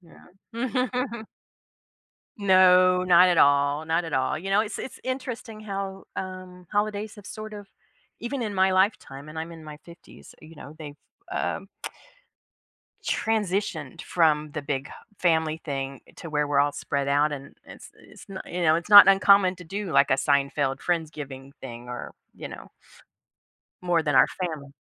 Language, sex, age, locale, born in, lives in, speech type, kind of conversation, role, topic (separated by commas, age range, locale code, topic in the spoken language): English, female, 50-54, en-US, United States, United States, conversation, unstructured, none, How do family traditions shape our memories and connections?